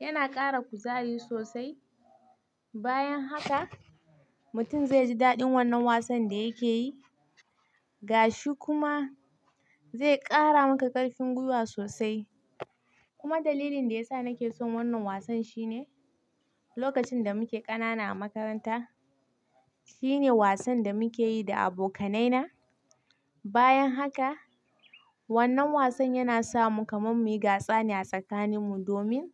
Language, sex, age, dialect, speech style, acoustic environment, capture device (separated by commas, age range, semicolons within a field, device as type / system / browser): Hausa, female, 18-24, Nigeria - Kano, excited, nature, mobile / Linux / Chrome